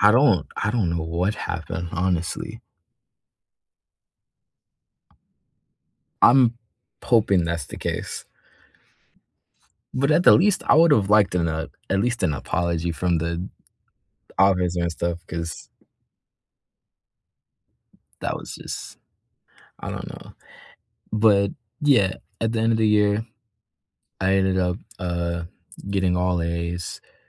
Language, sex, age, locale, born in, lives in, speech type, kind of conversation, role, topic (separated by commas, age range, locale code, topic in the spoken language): English, male, 18-19, en-US, United States, United States, conversation, unstructured, none, What is a story from your past that you love telling?